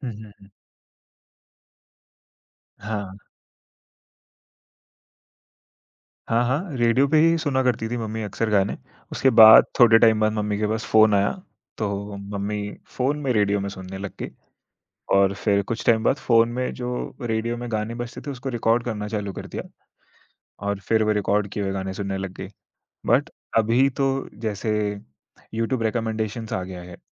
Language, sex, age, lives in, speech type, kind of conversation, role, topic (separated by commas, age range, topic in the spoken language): Hindi, male, 20-24, India, conversation, podcast, guest, Tum purane gaane kaise dhoondhte ho—search karke, sifaarishon se, ya bas ittefaaq se?